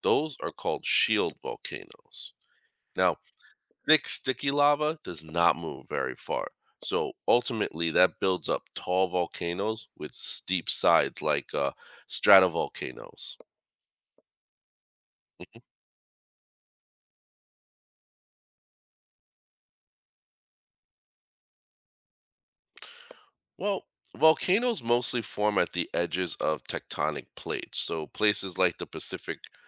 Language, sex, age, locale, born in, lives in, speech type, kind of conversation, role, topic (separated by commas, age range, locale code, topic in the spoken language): English, male, 40-44, en-US, United States, United States, read, expert-assistant, expert, How do volcanoes erupt?